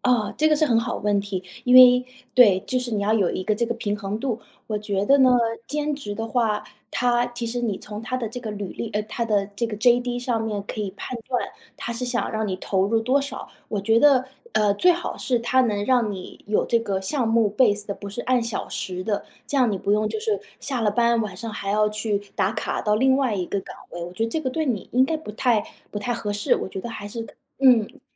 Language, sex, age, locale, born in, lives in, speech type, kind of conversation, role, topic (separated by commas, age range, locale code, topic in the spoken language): Chinese, female, 30-34, zh-CN, China, United States, conversation, advice, advisor, 我想为了追求更真实的自我而换工作，但又担心风险，该怎么办？